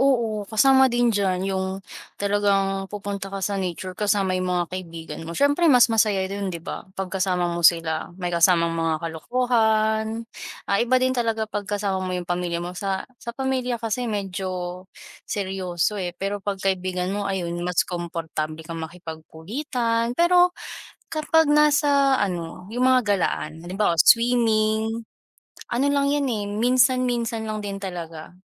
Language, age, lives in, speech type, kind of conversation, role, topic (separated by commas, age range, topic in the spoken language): Filipino, 20-24, Philippines, conversation, unstructured, none, Ano ang mga simpleng bagay sa kalikasan na nagpapasaya sa’yo?